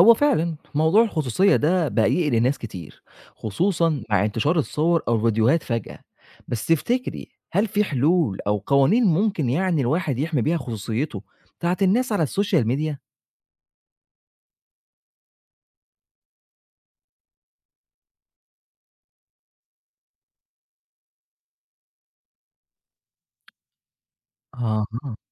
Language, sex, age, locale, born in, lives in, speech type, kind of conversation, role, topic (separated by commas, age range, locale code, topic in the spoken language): Arabic, male, 25-29, ar-EG, Egypt, Egypt, read, expert-assistant, asker, فيه تشبيه أو موقف يوضح إزاي الإعلام الجديد زي السوشيال ميديا بقى بيلعب دور في نقل الأخبار مقارنة بالإعلام التقليدي؟